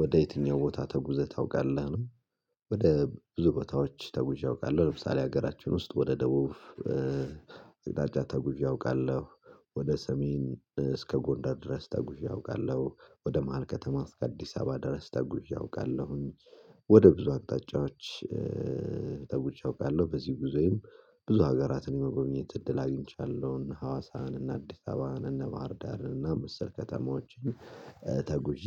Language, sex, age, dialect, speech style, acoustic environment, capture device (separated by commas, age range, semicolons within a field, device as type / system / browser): Amharic, male, 25-34, Ethiopia - Gojjam, excited, silence, mobile / Linux / Chrome